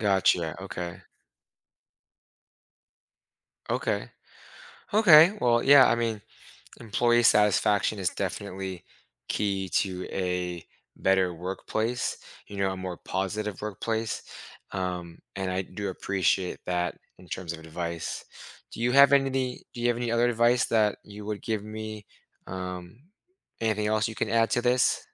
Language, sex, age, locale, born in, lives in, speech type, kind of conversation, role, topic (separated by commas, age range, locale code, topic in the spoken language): English, male, 30-34, en-US, United States, United States, conversation, advice, user, How can I get my work noticed and feel more recognized at my job?